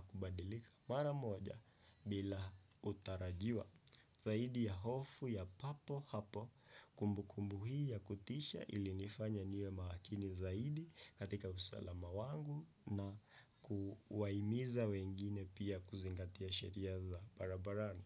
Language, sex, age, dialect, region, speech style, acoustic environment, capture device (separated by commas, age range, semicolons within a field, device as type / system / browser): Swahili, male, 25-34, Kenya - Nairobi Sheng-influenced, Kenya, focused, silence, desktop / Windows / Chrome